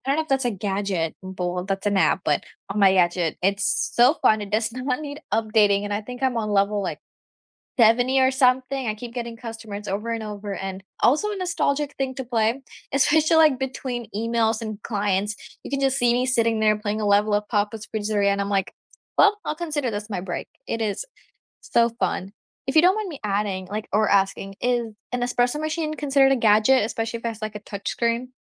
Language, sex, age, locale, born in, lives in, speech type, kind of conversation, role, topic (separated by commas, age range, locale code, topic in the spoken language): English, female, 18-19, en-US, India, United States, conversation, unstructured, none, What is a gadget you did not expect to love but now cannot live without?